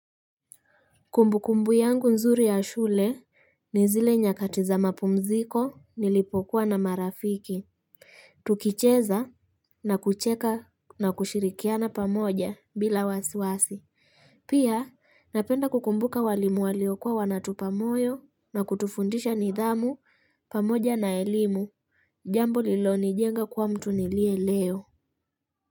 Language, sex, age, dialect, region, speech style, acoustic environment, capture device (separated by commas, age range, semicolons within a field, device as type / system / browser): Swahili, female, 25-34, Kenya - Kitui, Kenya, happy; focused; relaxed, people talking; traffic; construction; music; nature, mobile / Linux / Chrome